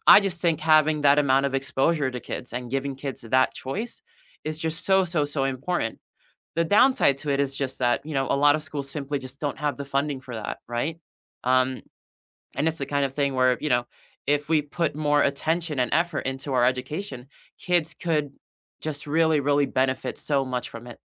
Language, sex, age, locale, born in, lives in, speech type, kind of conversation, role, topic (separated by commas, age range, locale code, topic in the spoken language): English, male, 20-24, en-US, United States, United States, conversation, unstructured, none, What changes would you make if your school schedule were redesigned?